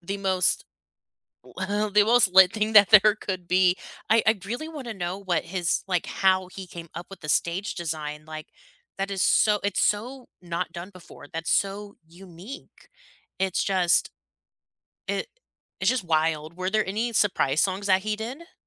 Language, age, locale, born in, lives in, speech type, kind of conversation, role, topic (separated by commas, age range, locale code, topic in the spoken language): English, 25-29, en-US, United States, United States, conversation, unstructured, none, Which concert moments still give you goosebumps, and what made them unforgettable for you?